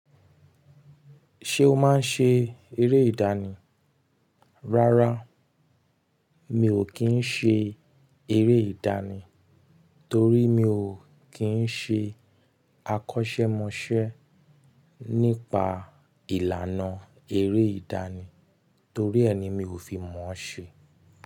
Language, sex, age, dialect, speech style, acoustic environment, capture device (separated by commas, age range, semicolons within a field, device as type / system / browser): Yoruba, male, 25-34, Nigeria - Nigerian Standard, happy; relaxed; excited; focused, silence; nature, mobile / Linux / Chrome